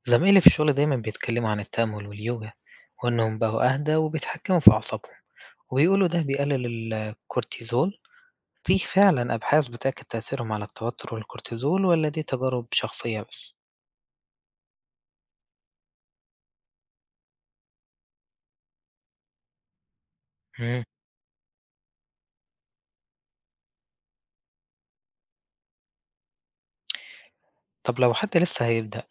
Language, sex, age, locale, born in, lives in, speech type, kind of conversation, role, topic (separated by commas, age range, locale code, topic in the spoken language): Arabic, male, 20-24, ar-EG, Egypt, Egypt, read, expert-assistant, asker, بيقولوا إن ممارسة التأمل أو اليوجا بتقلل مستويات الكورتيزول في الجسم وبتساعد على إدارة الضغط العصبي، هل الكلام دا مدعوم بأبحاث علمية فعلاً؟